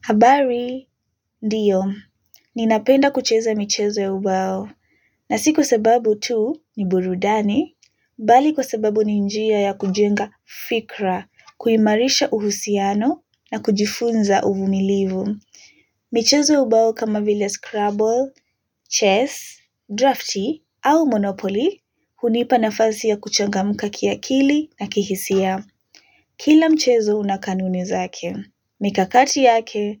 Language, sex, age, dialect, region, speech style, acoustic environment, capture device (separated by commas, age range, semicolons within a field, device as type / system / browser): Swahili, female, 18-24, Kenya - Nairobi Sheng-influenced, Kenya, relaxed, silence, mobile / Linux / Chrome